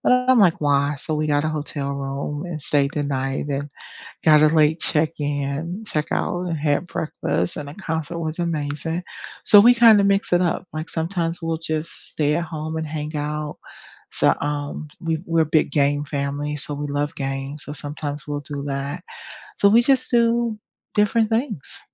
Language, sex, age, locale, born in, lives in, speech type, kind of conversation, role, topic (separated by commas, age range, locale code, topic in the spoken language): English, female, 50-54, en-US, United States, United States, conversation, unstructured, none, When the weekend arrives, do you recharge with cozy at-home rituals, or do you go exploring together?